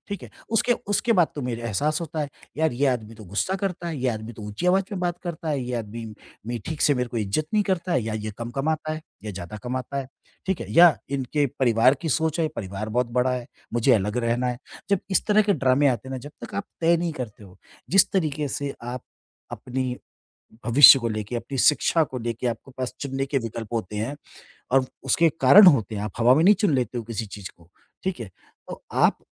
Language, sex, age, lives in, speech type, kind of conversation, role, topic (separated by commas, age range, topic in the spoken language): Hindi, male, 50-54, India, conversation, podcast, guest, Jab cultural expectations aur modern life takra jaaye, to tum kya karte ho?